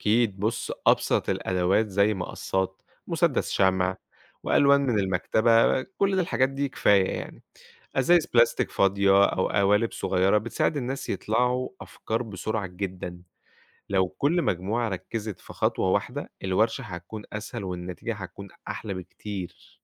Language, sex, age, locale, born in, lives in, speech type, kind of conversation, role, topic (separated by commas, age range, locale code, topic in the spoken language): Arabic, male, 25-29, ar-EG, Egypt, Egypt, read, expert-assistant, expert, إيه الحلول المبتكرة اللي ممكن نعملها عشان نقلل تلوث البلاستيك في المحيطات والبحار؟